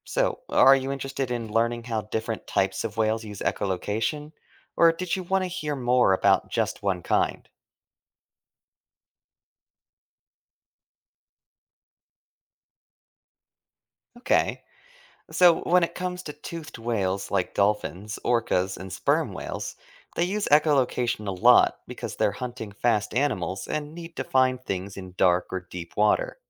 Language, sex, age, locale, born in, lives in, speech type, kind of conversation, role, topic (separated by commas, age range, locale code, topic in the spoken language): English, male, 25-29, en-US, United States, United States, read, expert-assistant, expert, How do whales stay warm in cold water?